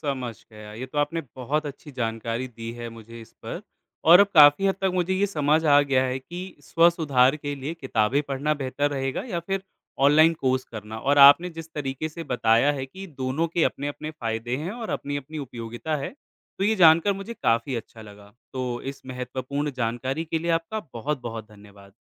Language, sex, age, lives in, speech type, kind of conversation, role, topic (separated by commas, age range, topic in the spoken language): Hindi, male, 25-29, India, read, expert-assistant, asker, पढ़ाई के लिए ऑनलाइन कोर्स या किताब, कौन सा तरीका ज्यादा असरदार है?